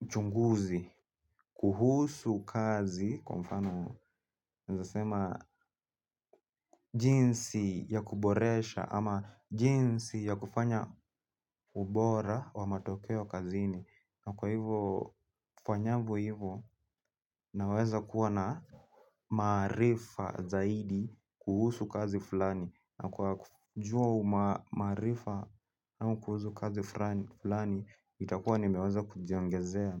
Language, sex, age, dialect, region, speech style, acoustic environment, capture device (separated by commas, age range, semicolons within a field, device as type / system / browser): Swahili, male, 18-24, Kenya - Nairobi Sheng-influenced, Kenya, relaxed, people talking; music; nature, mobile / Linux / Chrome